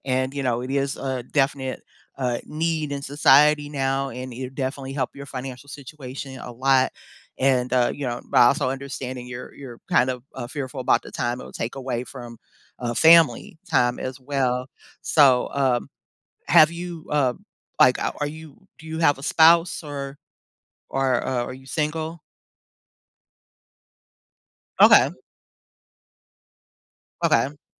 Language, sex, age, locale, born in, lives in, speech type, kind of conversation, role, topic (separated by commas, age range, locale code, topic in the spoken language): English, female, 50-54, en-US, United States, United States, conversation, advice, advisor, How can I make a confident choice when I'm unsure about a major life decision?